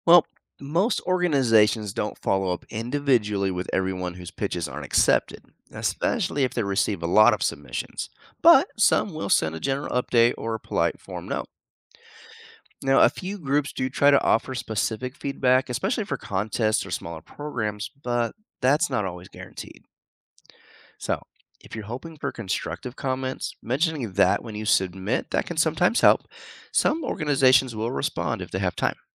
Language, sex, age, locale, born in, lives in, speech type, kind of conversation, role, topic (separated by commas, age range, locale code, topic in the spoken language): English, male, 45-49, en-US, United States, United States, read, expert-assistant, expert, How can non-scientists contribute to space exploration?